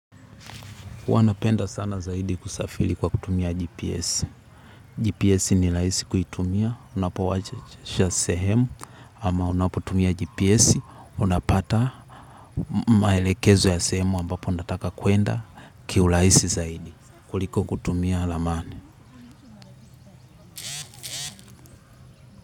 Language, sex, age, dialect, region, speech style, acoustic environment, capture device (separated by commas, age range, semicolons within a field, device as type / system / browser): Swahili, male, 18-24, Tanzania - Dar es Salaam, Tanzania, happy; relaxed; excited; focused, people talking; nature, mobile / Linux / Chrome